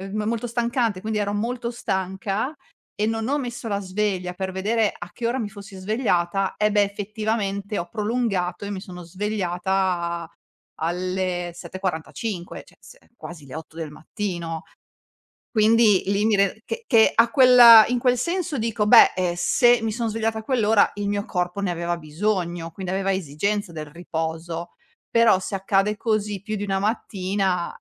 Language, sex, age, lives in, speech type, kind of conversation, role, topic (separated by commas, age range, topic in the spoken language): Italian, female, 40-44, Italy, conversation, podcast, guest, Hai consigli per svegliarti con più energia?